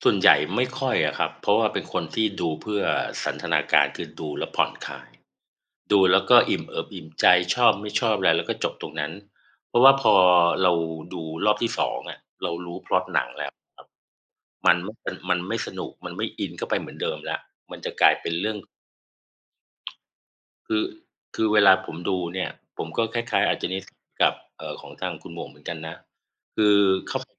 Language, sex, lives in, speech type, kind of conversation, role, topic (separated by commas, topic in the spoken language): Thai, male, Thailand, conversation, unstructured, none, หนังเรื่องล่าสุดที่คุณดูทำให้คุณรู้สึกอย่างไร?